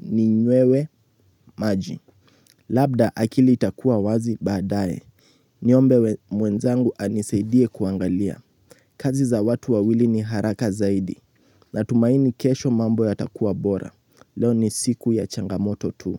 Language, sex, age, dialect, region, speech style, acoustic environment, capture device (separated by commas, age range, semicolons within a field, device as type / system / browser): Swahili, male, 18-24, Kenya - Nairobi Sheng-influenced, Kenya, relaxed, silence, mobile / Linux / Chrome